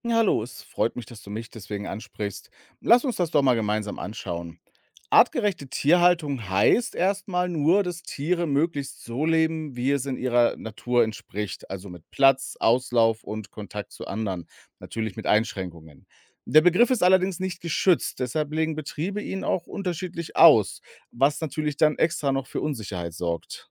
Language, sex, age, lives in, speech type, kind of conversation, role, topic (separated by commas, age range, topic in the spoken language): German, male, 35-39, Germany, read, expert-assistant, expert, Was versteht man genau unter „artgerechter Tierhaltung“, und warum gibt es so unterschiedliche Ansichten dazu?